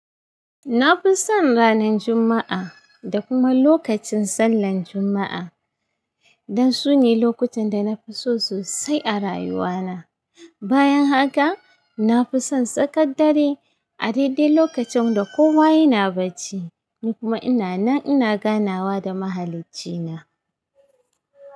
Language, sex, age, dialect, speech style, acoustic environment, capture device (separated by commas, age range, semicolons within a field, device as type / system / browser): Hausa, female, 35-44, Nigeria - Kano, happy; excited; focused, nature; silence, mobile / Linux / Chrome